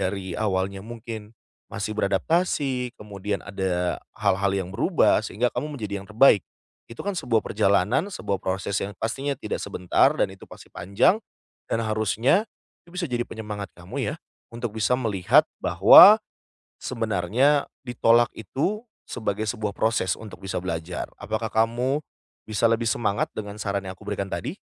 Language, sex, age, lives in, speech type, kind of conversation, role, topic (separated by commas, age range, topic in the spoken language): Indonesian, male, 30-34, Indonesia, conversation, advice, advisor, Apakah saya sebaiknya melamar promosi meskipun ragu dengan kemampuan saya?